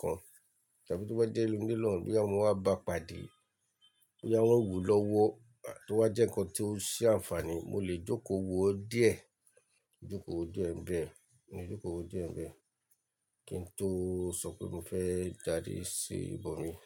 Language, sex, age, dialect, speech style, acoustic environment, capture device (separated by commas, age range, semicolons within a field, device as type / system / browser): Yoruba, male, 35-44, Nigeria - Lagos Yoruba, relaxed, nature; silence, mobile / Linux / Chrome